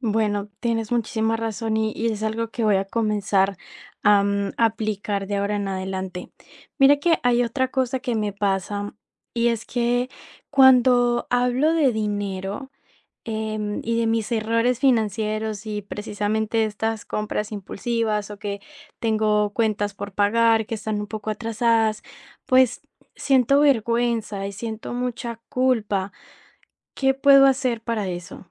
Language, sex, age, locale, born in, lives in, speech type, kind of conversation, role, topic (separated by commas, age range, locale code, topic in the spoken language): Spanish, female, 18-19, es-CO, Colombia, Italy, conversation, advice, user, ¿Cómo puedo dejar de hacer compras impulsivas que están rompiendo mi presupuesto mensual?